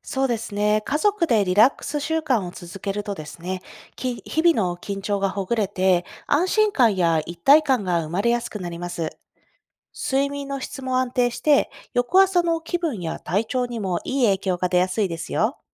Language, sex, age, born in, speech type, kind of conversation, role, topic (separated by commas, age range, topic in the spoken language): Japanese, female, 30-34, Japan, read, expert-assistant, expert, メンタルヘルスの維持において、睡眠、運動、食生活の中で優先的に取り組むべき要素はどれでしょうか？